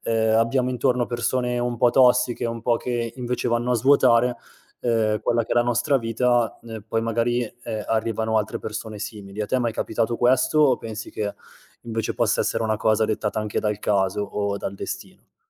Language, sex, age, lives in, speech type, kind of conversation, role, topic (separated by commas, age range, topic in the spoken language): Italian, male, 30-34, Italy, conversation, podcast, host, Come fai davvero a scoprire nuova musica e da dove inizi a cercarla?